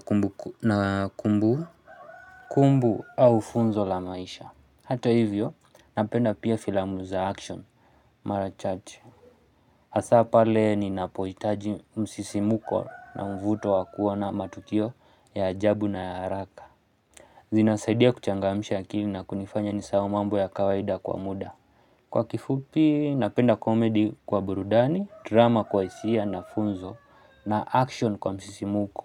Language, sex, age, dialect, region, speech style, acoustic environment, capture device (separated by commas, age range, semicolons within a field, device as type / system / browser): Swahili, male, 25-34, Kenya - Nairobi Sheng-influenced, Kenya, relaxed, silence, mobile / Linux / Chrome